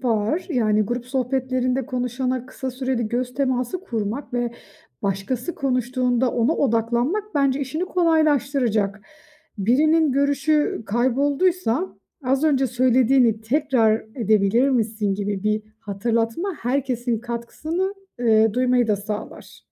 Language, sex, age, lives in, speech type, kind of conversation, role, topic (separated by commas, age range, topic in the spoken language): Turkish, female, 35-39, Austria, read, expert-assistant, expert, İlişkilerde aktif dinleme becerileri nasıl geliştirilir ve günlük hayatta hangi pratiklerle uygulanabilir?